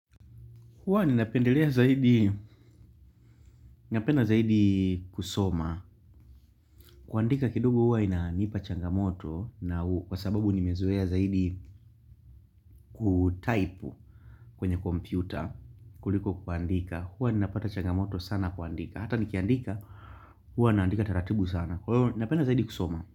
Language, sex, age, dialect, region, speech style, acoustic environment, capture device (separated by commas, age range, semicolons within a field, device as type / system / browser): Swahili, male, 18-24, Tanzania - Dar es Salaam, Tanzania, focused, nature, mobile / Linux / Chrome